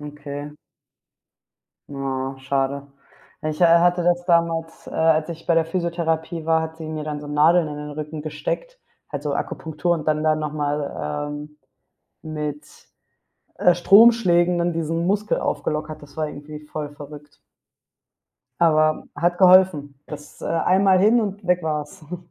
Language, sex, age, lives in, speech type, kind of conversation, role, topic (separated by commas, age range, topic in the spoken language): German, female, 25-29, Spain, conversation, unstructured, none, Kannst du eine Geschichte erzählen, die mit deinem Hobby zu tun hat?